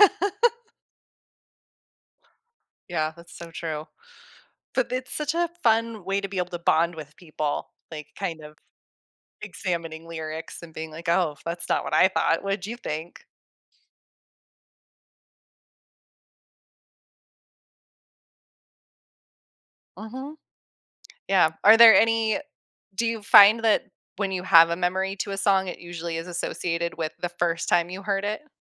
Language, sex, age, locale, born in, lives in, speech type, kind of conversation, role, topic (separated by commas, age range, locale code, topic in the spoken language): English, female, 35-39, en-US, United States, United States, conversation, podcast, host, Why do songs from our childhood have such a strong emotional impact on us?